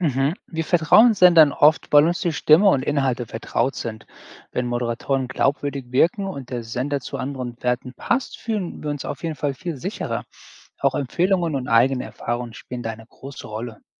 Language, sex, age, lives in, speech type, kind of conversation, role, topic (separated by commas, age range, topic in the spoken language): German, male, 40-44, United States, read, expert-assistant, expert, Warum vertrauen wir manchen Radiosendern mehr als anderen – und was bedeutet das für unsere Meinung?